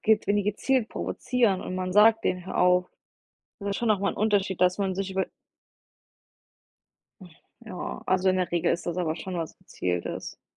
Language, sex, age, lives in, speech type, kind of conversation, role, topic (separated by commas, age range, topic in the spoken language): German, female, 25-29, United States, conversation, unstructured, none, Wie reagierst du, wenn dich jemand absichtlich provoziert?